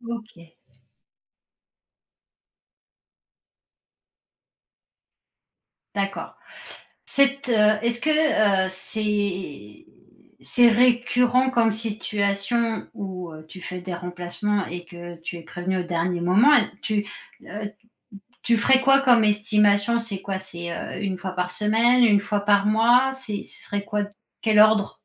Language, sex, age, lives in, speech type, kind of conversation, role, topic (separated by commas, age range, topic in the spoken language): French, female, 40-44, France, conversation, advice, advisor, Pourquoi ai-je du mal à poser des limites par peur de déplaire ?